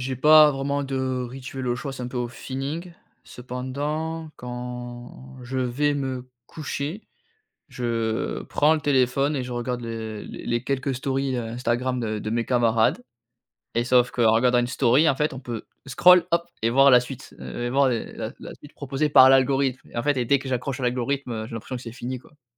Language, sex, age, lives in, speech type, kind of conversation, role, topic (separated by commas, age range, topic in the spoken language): French, male, 30-34, France, conversation, advice, user, Comment puis-je réduire mon usage des écrans le soir pour mieux dormir ?